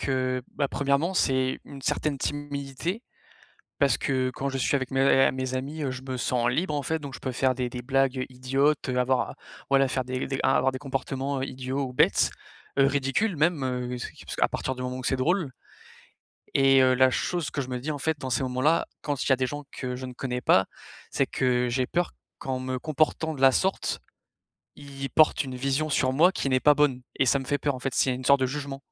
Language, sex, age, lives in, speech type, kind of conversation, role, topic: French, male, 20-24, France, conversation, advice, user, Comment gérer mon anxiété pendant des fêtes entre amis ?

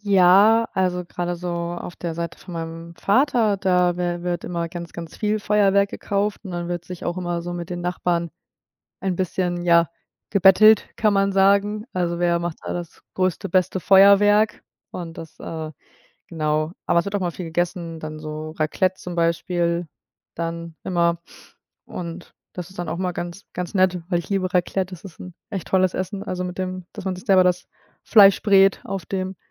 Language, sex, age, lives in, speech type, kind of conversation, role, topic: German, female, 25-29, Germany, conversation, unstructured, none, Was ist dein liebstes Fest und warum?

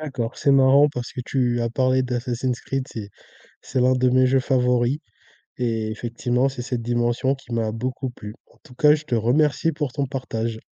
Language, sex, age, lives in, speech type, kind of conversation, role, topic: French, male, 20-24, France, conversation, podcast, host, Avez-vous des rituels du soir avant le coucher ?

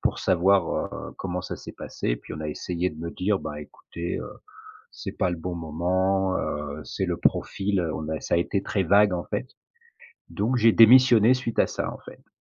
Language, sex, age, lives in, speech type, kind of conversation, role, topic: French, male, 40-44, France, conversation, podcast, guest, Peux-tu nous raconter un tournant important dans ta carrière ?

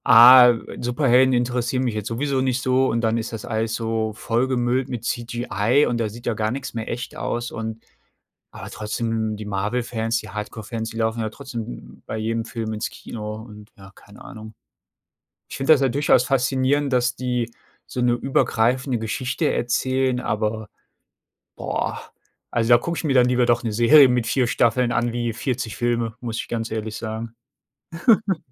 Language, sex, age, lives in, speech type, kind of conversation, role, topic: German, male, 35-39, Germany, conversation, unstructured, none, Warum sehen sich Menschen gerne Serien oder Filme an?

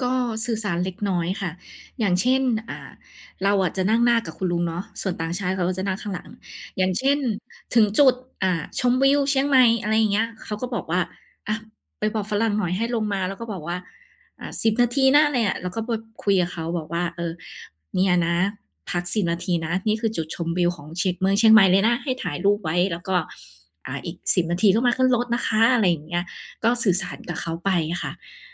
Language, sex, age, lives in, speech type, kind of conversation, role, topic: Thai, female, 30-34, Thailand, conversation, podcast, guest, คุณเคยหลงทางแล้วเจอคนมาช่วยเหลือไหม เล่าให้ฟังหน่อยได้ไหม?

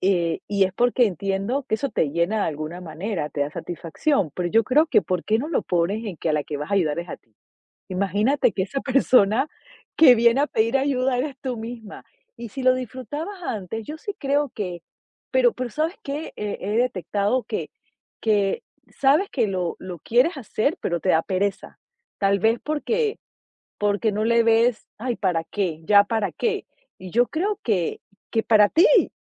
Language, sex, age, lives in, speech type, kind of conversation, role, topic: Spanish, female, 45-49, United States, conversation, advice, advisor, ¿Cómo puedo cuidarme sin descuidar a mis hijos y a mis padres mayores?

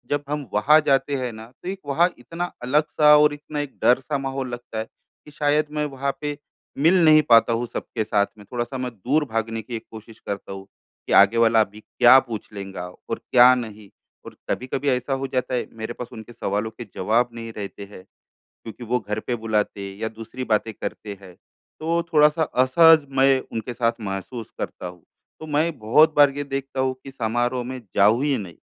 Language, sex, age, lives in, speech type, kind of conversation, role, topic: Hindi, male, 35-39, India, conversation, advice, user, समारोहों में सामाजिक दबाव को कैसे संभाला जा सकता है?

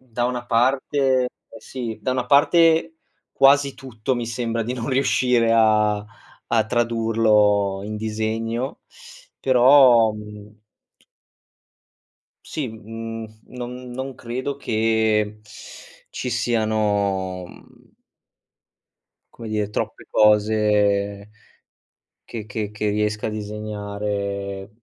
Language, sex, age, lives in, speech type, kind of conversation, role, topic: Italian, male, 25-29, Italy, conversation, podcast, guest, Dove trovi ispirazione nella vita di tutti i giorni?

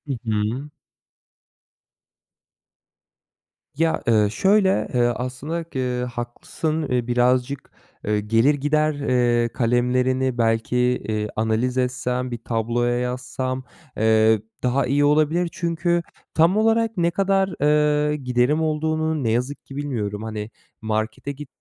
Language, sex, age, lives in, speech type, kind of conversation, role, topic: Turkish, male, 25-29, Germany, conversation, advice, user, Büyük kararlar verirken yaşadığınız aşırı kaygı ve kararsızlığı nasıl tarif edersiniz?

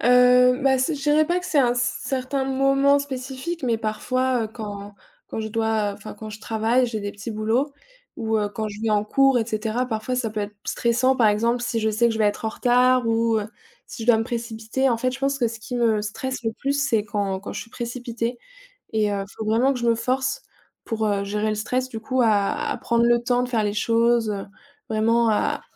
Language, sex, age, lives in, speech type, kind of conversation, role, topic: French, female, 20-24, France, conversation, unstructured, none, Comment gères-tu le stress au quotidien ?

